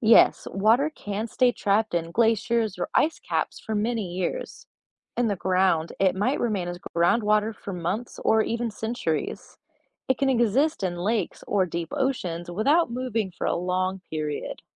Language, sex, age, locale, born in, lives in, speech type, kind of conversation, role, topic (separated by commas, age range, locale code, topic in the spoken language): English, female, 25-29, en-US, United States, United States, read, expert-assistant, expert, What happens to a water drop in the water cycle?